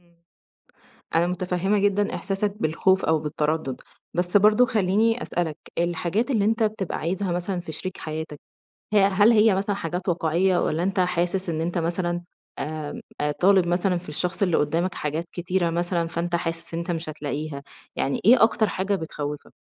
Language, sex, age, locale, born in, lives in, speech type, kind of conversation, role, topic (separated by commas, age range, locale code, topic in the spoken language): Arabic, female, 30-34, ar-EG, Egypt, Egypt, conversation, advice, advisor, إزاي بتقرر تتجوز ولا تفضل أعزب؟